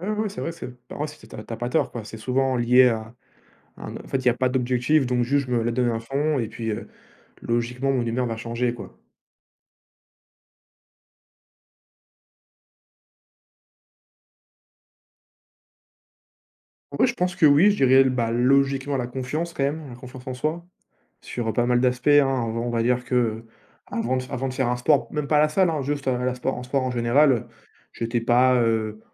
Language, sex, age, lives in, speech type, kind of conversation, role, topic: French, male, 20-24, France, conversation, unstructured, none, Comment le sport peut-il améliorer notre humeur, selon toi ?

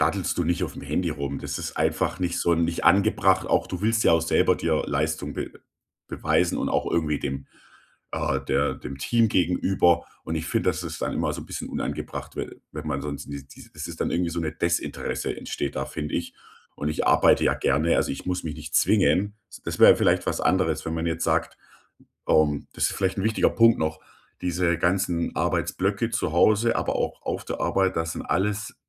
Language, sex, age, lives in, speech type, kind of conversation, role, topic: German, male, 35-39, Germany, conversation, advice, user, Wie kann ich meine Arbeitsblöcke planen und Ablenkungen effektiv minimieren?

